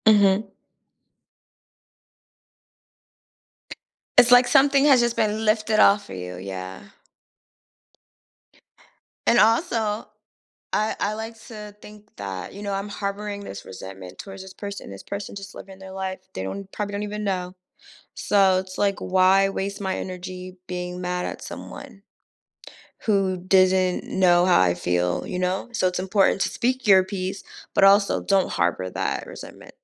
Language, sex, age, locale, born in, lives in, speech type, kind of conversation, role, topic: English, female, 30-34, en-US, United States, United States, conversation, podcast, host, Why is forgiveness important for our emotional well-being and relationships?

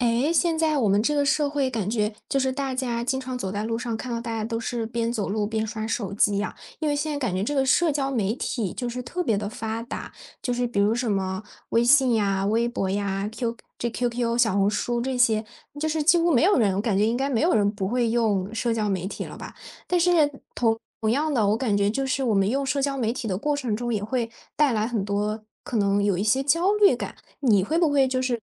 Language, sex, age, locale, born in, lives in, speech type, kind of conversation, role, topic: Chinese, female, 20-24, zh-CN, China, Germany, conversation, podcast, host, 你会如何应对社交媒体带来的焦虑？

